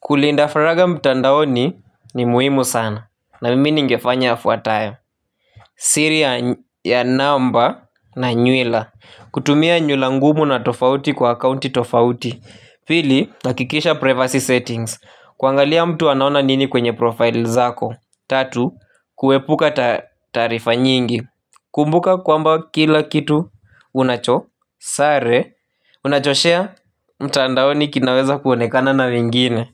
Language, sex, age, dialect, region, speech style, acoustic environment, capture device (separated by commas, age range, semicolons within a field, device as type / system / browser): Swahili, male, 18-24, Kenya - Nairobi Sheng-influenced, Kenya, happy, nature, mobile / Linux / Chrome